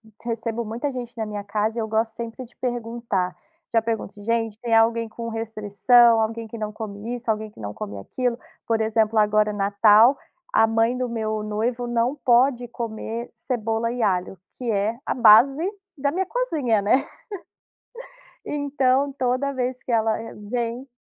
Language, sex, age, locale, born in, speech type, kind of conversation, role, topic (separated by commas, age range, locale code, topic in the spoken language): Portuguese, female, 30-34, pt-BR, Brazil, conversation, podcast, host, Como você lida com restrições alimentares em festas?